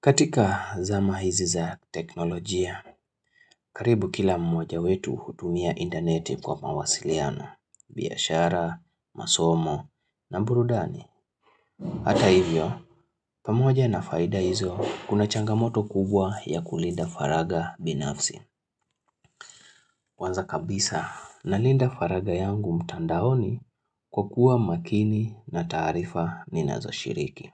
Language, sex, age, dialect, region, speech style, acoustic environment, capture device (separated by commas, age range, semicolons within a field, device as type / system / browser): Swahili, male, 25-34, Kenya - Nairobi Sheng-influenced, Kenya, relaxed; excited; happy, silence, mobile / Linux / Chrome